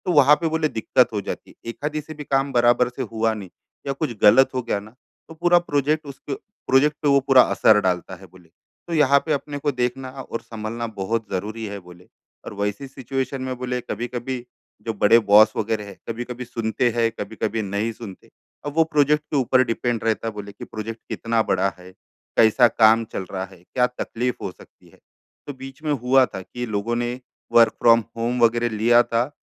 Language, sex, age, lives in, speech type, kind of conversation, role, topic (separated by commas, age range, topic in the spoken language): Hindi, male, 35-39, India, conversation, advice, user, मैं डर के बावजूद नए मौके कैसे आज़मा सकता/सकती हूँ?